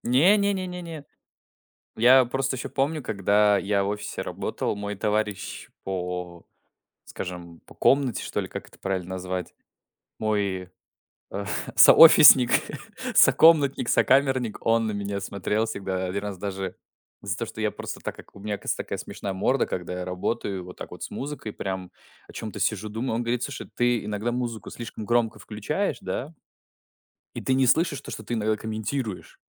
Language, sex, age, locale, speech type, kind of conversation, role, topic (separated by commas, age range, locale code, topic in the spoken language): Russian, male, 20-24, ru-RU, conversation, unstructured, none, Какая музыка помогает тебе расслабиться после тяжёлого дня?